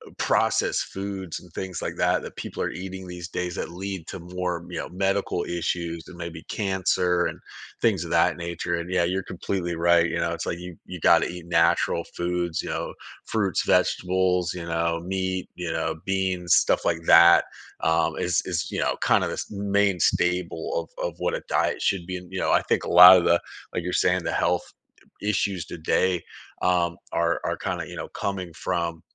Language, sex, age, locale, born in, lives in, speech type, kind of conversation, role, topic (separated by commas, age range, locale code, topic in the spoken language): English, male, 40-44, en-US, United States, United States, conversation, podcast, guest, What medical advancements have had the biggest impact on our lives?